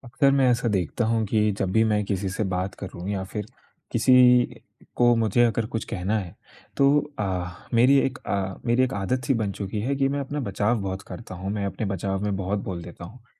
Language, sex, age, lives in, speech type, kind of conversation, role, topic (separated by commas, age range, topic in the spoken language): Hindi, male, 20-24, India, conversation, advice, user, मैं कठिन प्रतिक्रिया को बिना बचाव किए कैसे स्वीकार कर सकता/सकती हूँ?